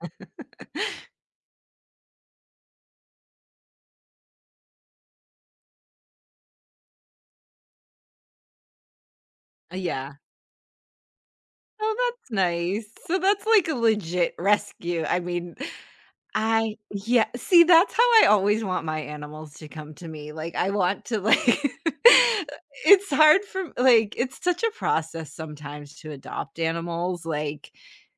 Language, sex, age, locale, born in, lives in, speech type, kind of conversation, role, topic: English, female, 40-44, en-US, United States, United States, conversation, unstructured, none, What do you think about people leaving their pets outside all day?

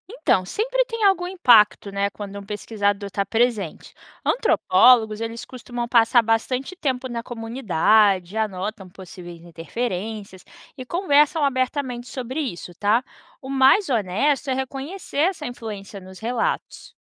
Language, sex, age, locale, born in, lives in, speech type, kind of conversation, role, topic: Portuguese, female, 25-29, pt-BR, Brazil, United States, read, expert-assistant, expert, Quando vejo na internet exemplos de antropólogos atuando em comunidades, como posso confiar que aquilo é real?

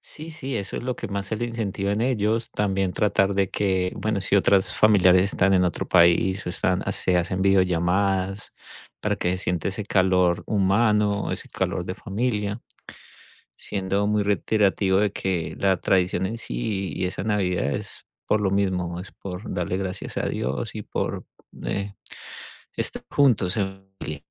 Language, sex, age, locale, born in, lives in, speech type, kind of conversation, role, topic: Spanish, male, 40-44, es-CO, Colombia, United States, conversation, unstructured, none, ¿Tienes alguna tradición familiar que te guste mucho?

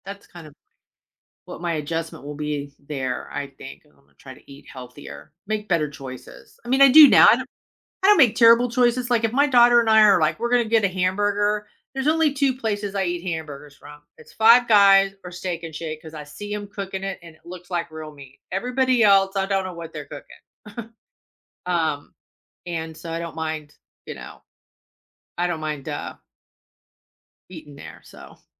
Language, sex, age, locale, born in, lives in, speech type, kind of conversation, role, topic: English, female, 55-59, en-US, United States, United States, conversation, advice, user, How can I stay motivated to exercise and eat healthier when I'm tired and busy?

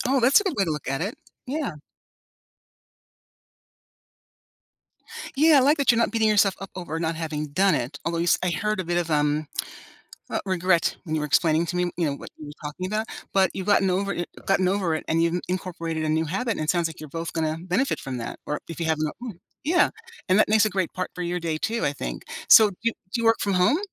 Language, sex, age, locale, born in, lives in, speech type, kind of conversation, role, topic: English, female, 60-64, en-US, United States, United States, conversation, unstructured, none, What's one simple habit I can try to feel proud each day?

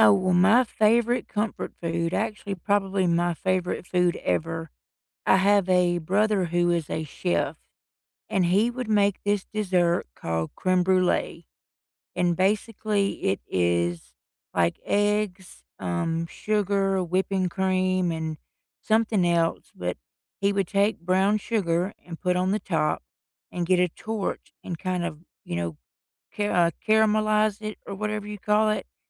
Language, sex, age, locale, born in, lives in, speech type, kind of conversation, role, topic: English, female, 45-49, en-US, United States, United States, conversation, unstructured, none, What is your favorite comfort food, and what memory do you associate with it?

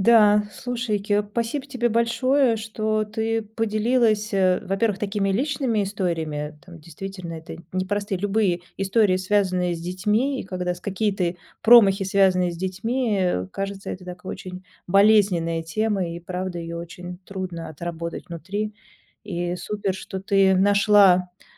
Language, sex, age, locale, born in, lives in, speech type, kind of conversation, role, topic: Russian, female, 45-49, ru-RU, Russia, Germany, conversation, podcast, host, Как научиться прощать себя за промахи?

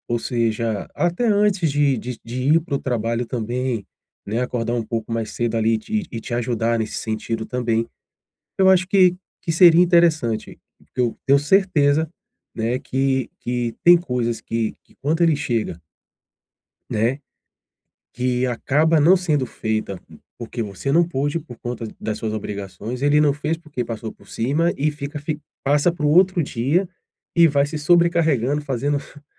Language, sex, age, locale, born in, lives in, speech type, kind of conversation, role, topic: Portuguese, male, 40-44, pt-BR, Brazil, Portugal, conversation, advice, advisor, Como posso estabelecer limites para preservar o bem-estar sem me sentir culpado ou egoísta?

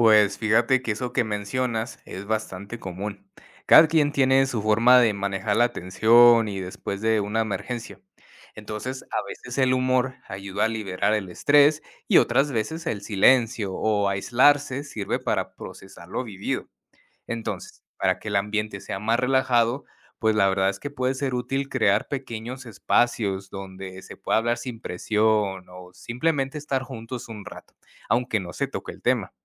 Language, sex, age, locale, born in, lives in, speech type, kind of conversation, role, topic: Spanish, male, 30-34, es-MX, United States, Mexico, read, expert-assistant, expert, ¿Cómo puede un equipo de clínica manejar emergencias múltiples y apoyar el bienestar emocional entre colegas?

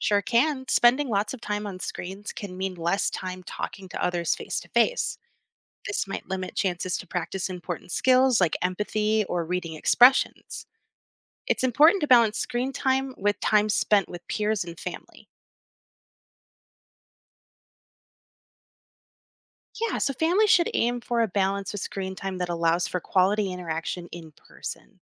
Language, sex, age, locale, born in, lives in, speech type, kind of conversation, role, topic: English, female, 30-34, en-US, United States, United States, read, expert-assistant, expert, How does screen time impact children's development?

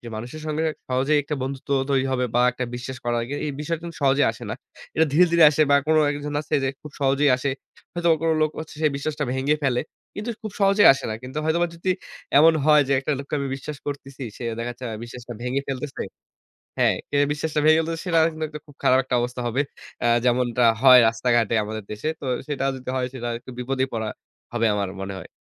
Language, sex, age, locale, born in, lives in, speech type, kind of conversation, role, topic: Bengali, male, 25-29, bn-BD, Bangladesh, Bangladesh, conversation, podcast, guest, ভ্রমণে নতুন করে হওয়া বন্ধুত্ব থেকে কোনো মজার গল্প আছে কি?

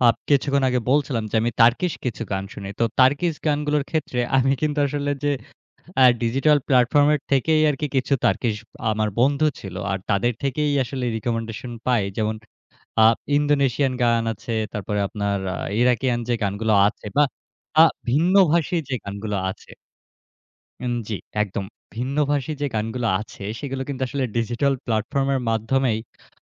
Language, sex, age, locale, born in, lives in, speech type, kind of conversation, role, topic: Bengali, male, 25-29, bn-BD, Bangladesh, Bangladesh, conversation, podcast, guest, ডিজিটাল প্ল্যাটফর্ম কি আপনার গান শোনার পছন্দ বদলে দিয়েছে?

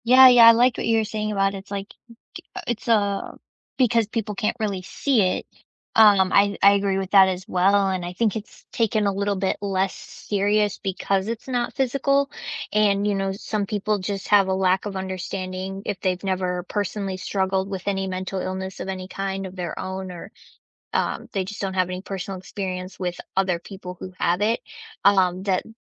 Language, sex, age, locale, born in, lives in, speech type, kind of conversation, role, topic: English, female, 25-29, en-US, United States, United States, conversation, unstructured, none, How do you feel about stigma around mental illness?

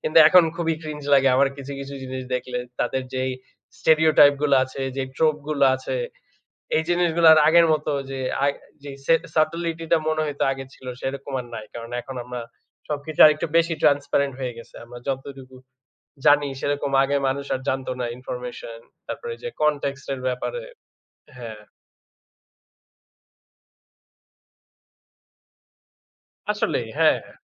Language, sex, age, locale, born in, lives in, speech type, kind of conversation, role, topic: Bengali, male, 25-29, bn-BD, Bangladesh, Bangladesh, conversation, unstructured, none, আপনার প্রিয় টেলিভিশন অনুষ্ঠান কোনটি, আর কেন?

